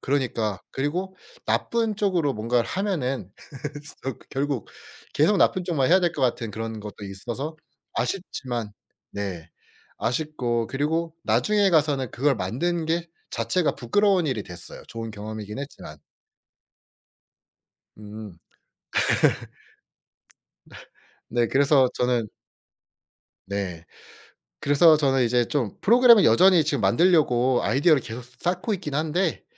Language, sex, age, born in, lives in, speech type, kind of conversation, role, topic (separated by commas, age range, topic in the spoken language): Korean, male, 25-29, South Korea, South Korea, conversation, podcast, guest, 배운 것을 실무에서 어떻게 적용해 보셨나요?